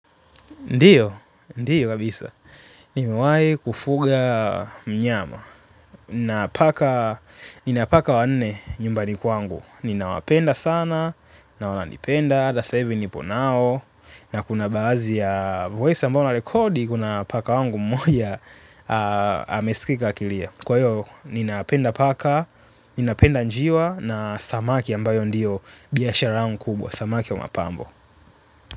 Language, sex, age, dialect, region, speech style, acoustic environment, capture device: Swahili, male, 25-34, Tanzania - Dar es Salaam, Tanzania, happy, silence, mobile / Linux / Chrome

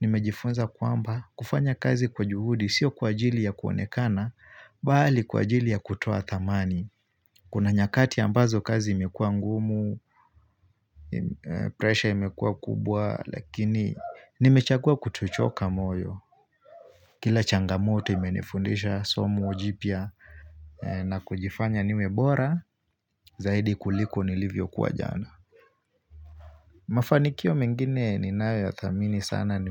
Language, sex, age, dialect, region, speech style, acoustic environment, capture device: Swahili, male, 25-34, Kenya - Mombasa, Kenya, focused; relaxed, nature; silence, mobile / Linux / Chrome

